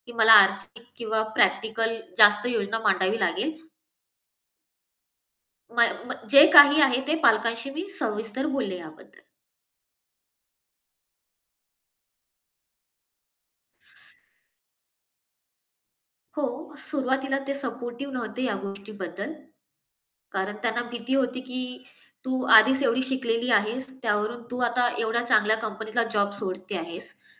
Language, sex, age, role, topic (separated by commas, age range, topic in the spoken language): Marathi, female, 25-29, guest, करिअर बदलण्याचा निर्णय घेतल्यावर पालकांना ते कसे समजावून सांगाल?